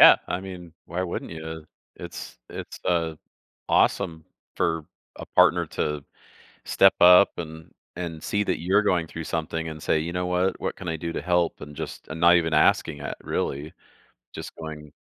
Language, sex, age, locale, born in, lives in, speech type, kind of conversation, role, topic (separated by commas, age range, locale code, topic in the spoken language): English, male, 45-49, en-US, Canada, United States, conversation, advice, advisor, How should I express appreciation to my partner?